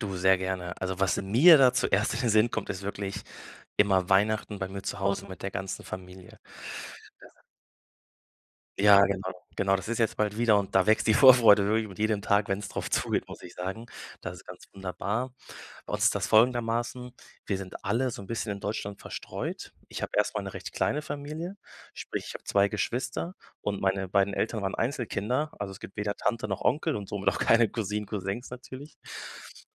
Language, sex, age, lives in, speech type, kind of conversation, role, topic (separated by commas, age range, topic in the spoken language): German, male, 25-29, Germany, conversation, podcast, guest, Welche Familientradition bedeutet dir besonders viel?